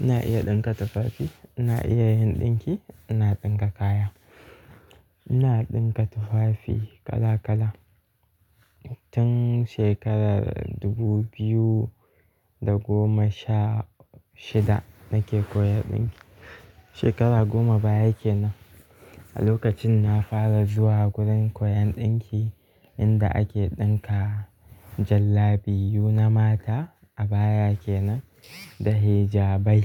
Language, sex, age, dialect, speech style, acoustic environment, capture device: Hausa, male, 18-24, Nigeria - Kano, relaxed; focused; happy, nature; silence, mobile / Linux / Chrome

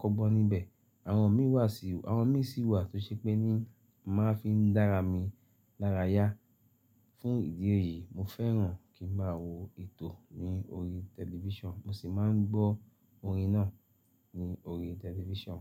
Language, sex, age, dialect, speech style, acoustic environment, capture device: Yoruba, male, 35-44, Nigeria - Lagos Yoruba, happy; excited, nature, mobile / Linux / Chrome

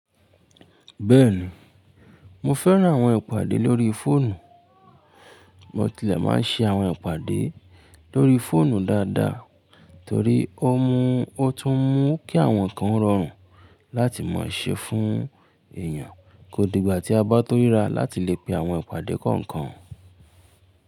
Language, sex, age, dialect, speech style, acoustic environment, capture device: Yoruba, male, 25-34, Nigeria - Ibadan, relaxed, silence, mobile / Linux / Chrome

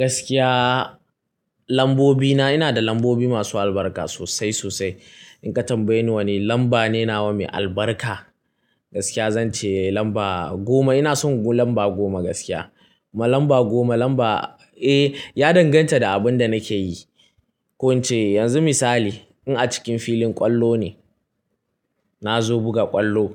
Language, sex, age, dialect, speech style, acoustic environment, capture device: Hausa, male, 18-24, Nigeria - Kano, tired, nature, mobile / Linux / Chrome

